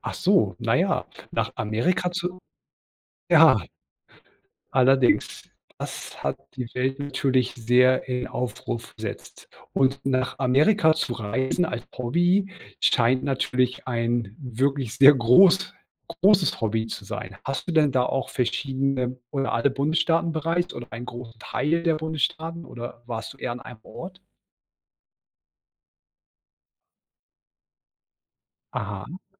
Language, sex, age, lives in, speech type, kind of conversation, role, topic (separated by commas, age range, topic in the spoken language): German, male, 40-44, Germany, conversation, podcast, host, Wie bist du zu deinem liebsten Hobby gekommen?